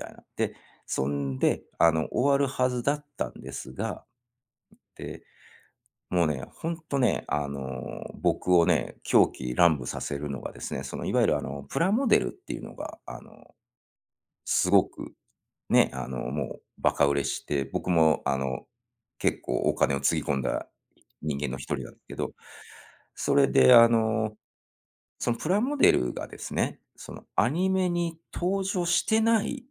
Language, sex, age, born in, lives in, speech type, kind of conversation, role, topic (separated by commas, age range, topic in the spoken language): Japanese, male, 45-49, Japan, United States, conversation, podcast, guest, 子どものころ夢中だったアニメは何ですか？